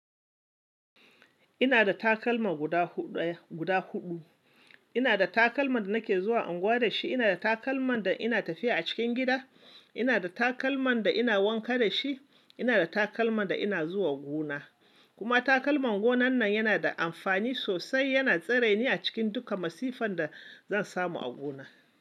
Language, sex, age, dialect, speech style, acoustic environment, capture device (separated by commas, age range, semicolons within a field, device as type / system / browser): Hausa, male, 25-34, Nigeria - Kano, excited, appliances, mobile / Linux / Chrome